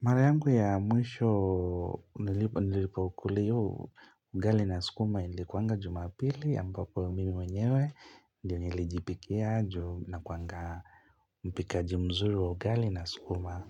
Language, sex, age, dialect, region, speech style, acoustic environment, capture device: Swahili, male, 25-34, Kenya - Mombasa, Kenya, happy; relaxed; focused; excited, nature, mobile / Linux / Chrome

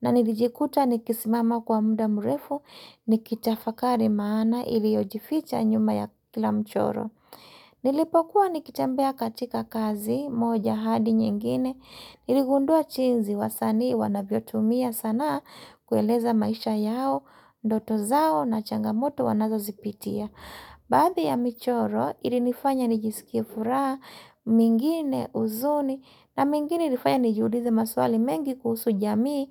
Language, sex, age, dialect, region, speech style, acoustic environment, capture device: Swahili, female, 35-44, Kenya - Mombasa, Kenya, relaxed, silence, mobile / Linux / Chrome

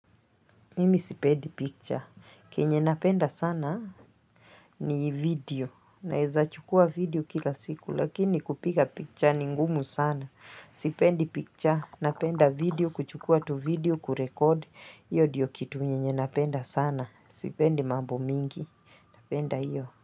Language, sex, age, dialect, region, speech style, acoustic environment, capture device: Swahili, female, 35-44, Kenya - Mombasa, Kenya, excited, people talking, mobile / Linux / Chrome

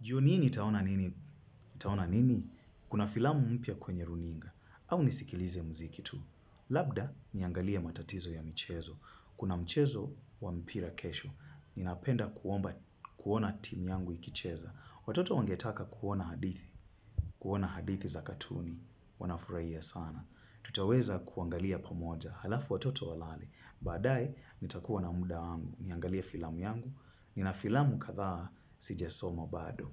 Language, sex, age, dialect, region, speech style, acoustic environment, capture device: Swahili, male, 25-34, Kenya - Mombasa, Kenya, focused; nervous; tired, silence, mobile / Linux / Chrome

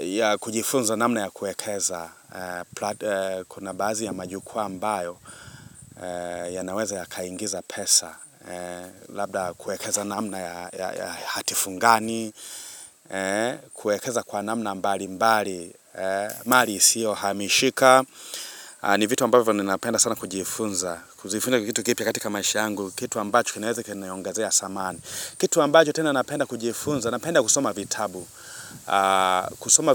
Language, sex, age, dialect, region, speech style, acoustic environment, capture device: Swahili, male, 25-34, Tanzania - Dar es Salaam, Tanzania, excited, nature, mobile / Linux / Chrome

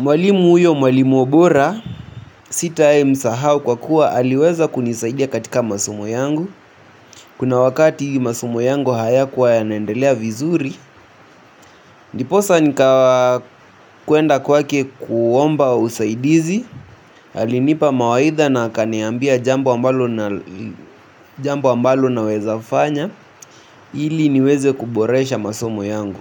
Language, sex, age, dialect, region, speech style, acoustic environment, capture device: Swahili, male, 18-24, Kenya - Nairobi Sheng-influenced, Kenya, anxious, music; nature, mobile / Linux / Chrome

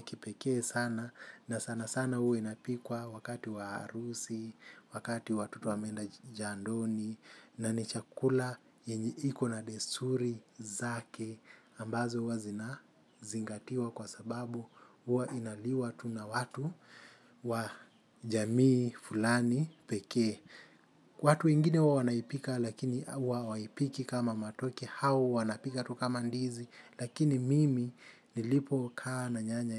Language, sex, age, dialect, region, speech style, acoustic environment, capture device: Swahili, male, 25-34, Kenya - Mombasa, Kenya, happy; focused, people talking; traffic; construction; appliances; music, mobile / Linux / Chrome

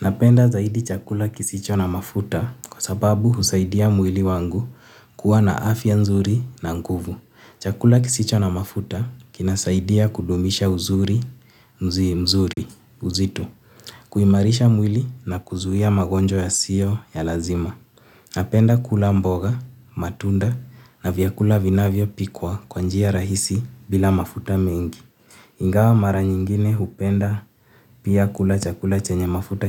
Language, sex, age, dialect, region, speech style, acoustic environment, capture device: Swahili, male, 18-24, Kenya - Nairobi Sheng-influenced, Kenya, relaxed, silence, mobile / Linux / Chrome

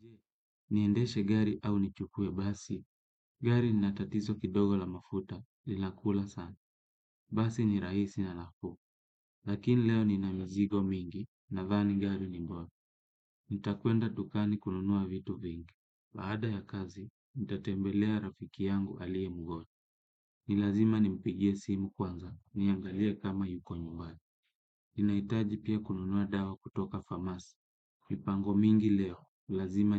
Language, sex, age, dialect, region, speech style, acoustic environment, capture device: Swahili, male, 25-34, Kenya - Kitui, Kenya, happy; relaxed, silence, desktop / Windows / Chrome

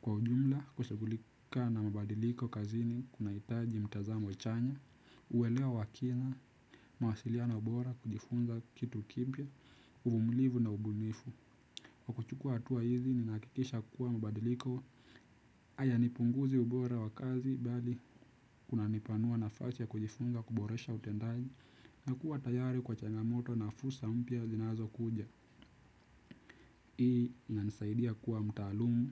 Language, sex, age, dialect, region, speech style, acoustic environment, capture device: Swahili, male, 18-24, Kenya - Nairobi Sheng-influenced, Kenya, relaxed, nature; silence; music; appliances, desktop / Windows / Chrome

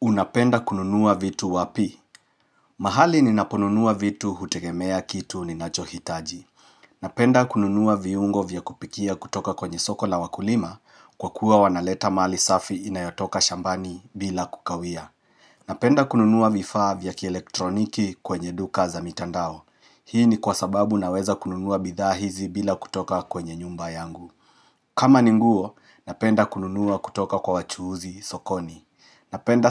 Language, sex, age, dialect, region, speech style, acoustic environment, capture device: Swahili, male, 35-44, Kenya - Nairobi Sheng-influenced, Kenya, relaxed; excited; happy, silence; nature; appliances, mobile / Linux / Chrome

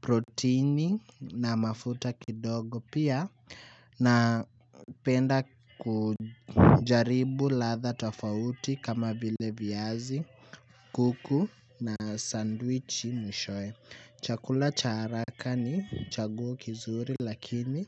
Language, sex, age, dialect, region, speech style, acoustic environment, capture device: Swahili, male, 18-24, Kenya - Nairobi Sheng-influenced, Kenya, focused; nervous; tired, music; appliances; people talking, mobile / Linux / Chrome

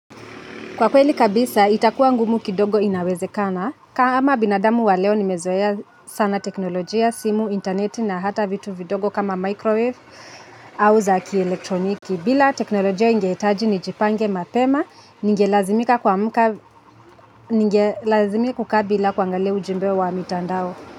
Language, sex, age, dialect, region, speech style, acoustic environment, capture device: Swahili, female, 25-34, Kenya - Nairobi Sheng-influenced, Kenya, happy, traffic, mobile / Linux / Chrome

